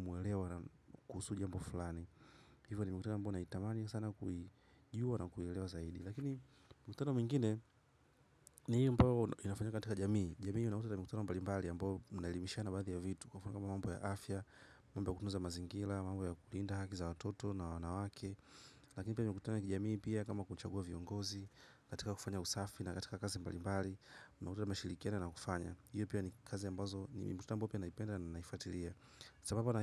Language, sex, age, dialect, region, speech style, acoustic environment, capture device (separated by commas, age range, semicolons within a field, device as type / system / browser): Swahili, male, 25-34, Tanzania - Dar es Salaam, Tanzania, happy; relaxed; focused, nature, mobile / macOS / Safari